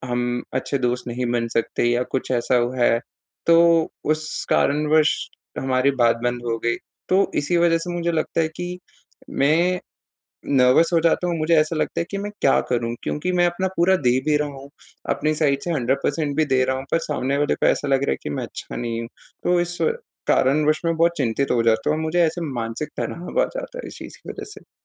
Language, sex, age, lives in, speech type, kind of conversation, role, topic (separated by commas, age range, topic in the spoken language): Hindi, male, 20-24, India, conversation, advice, user, मैं अलग-अलग लोगों के साथ सहज और सच्चे संबंध कैसे बना सकता/सकती हूँ?